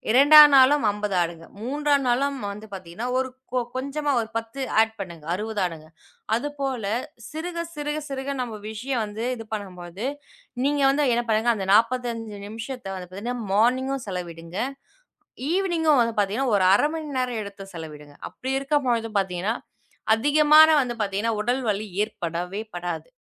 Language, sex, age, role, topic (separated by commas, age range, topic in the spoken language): Tamil, female, 20-24, guest, உங்கள் உடற்பயிற்சி பழக்கத்தை எப்படி உருவாக்கினீர்கள்?